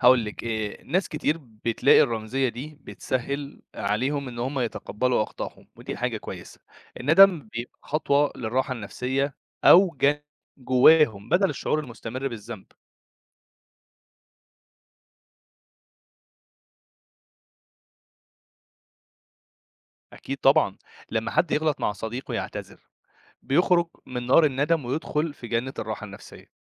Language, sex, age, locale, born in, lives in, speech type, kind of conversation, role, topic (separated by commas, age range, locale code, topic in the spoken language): Arabic, male, 25-29, ar-EG, Egypt, Egypt, read, expert-assistant, expert, إزاي ممكن نعيد تفكيرنا في فكرة الجنة والنار لو شفناها من منظور جديد؟